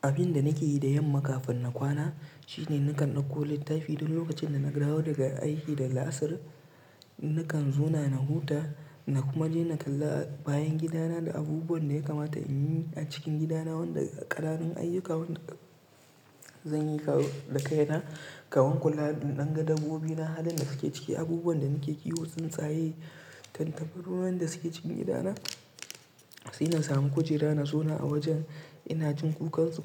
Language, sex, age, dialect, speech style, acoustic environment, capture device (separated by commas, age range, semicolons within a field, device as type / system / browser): Hausa, male, 25-34, Nigeria - Kano, tired; relaxed; stressed, silence; nature, mobile / Linux / Chrome